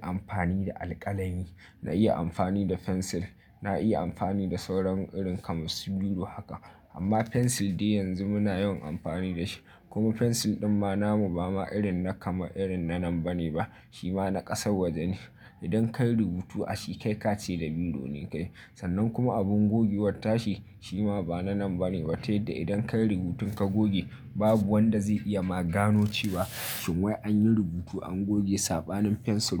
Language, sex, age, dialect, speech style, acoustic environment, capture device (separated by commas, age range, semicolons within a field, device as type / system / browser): Hausa, male, 25-34, Nigeria - Nigerian Standard, happy; focused, silence; nature, mobile / Linux / Chrome